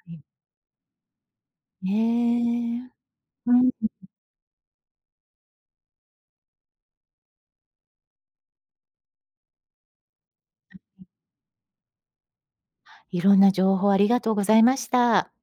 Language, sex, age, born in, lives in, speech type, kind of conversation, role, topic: Japanese, female, 55-59, Japan, United States, read, expert-assistant, asker, なぜ砂漠では昼と夜の気温差が大きいのか説明してください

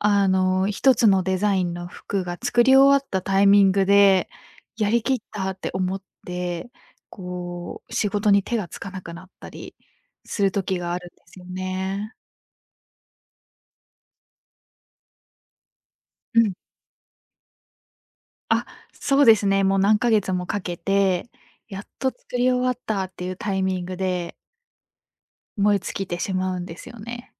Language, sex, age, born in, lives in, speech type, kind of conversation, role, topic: Japanese, female, 25-29, Japan, Japan, conversation, advice, user, 燃え尽き症候群のせいで仕事に興味が持てないと感じるのはなぜですか？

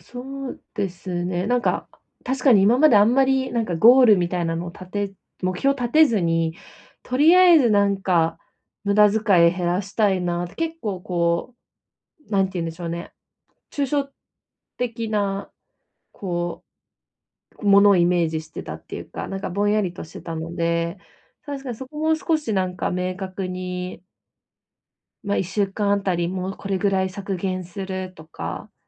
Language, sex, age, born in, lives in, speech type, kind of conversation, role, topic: Japanese, female, 25-29, Japan, Japan, conversation, advice, user, 節約を妨げる習慣を見直して無駄遣いを減らすにはどうしたらいいですか？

